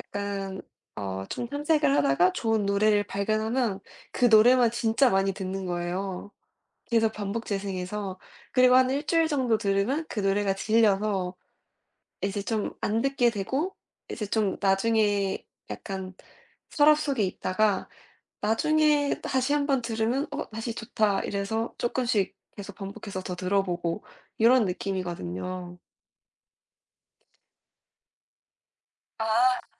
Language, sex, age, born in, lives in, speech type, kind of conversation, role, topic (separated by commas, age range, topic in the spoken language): Korean, female, 20-24, South Korea, United States, conversation, unstructured, none, 운동할 때 음악을 듣나요, 그리고 어떤 노래가 가장 좋나요?